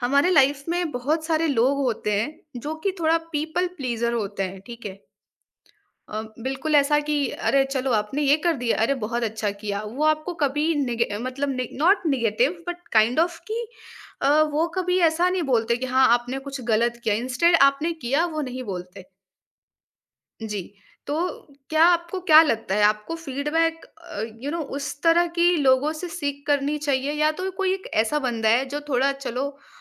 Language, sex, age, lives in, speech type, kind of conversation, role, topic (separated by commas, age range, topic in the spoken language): Hindi, female, 20-24, India, conversation, podcast, host, Feedback ko apnana chahiye ya nazarandaaz karna chahiye, tum kya sochte ho?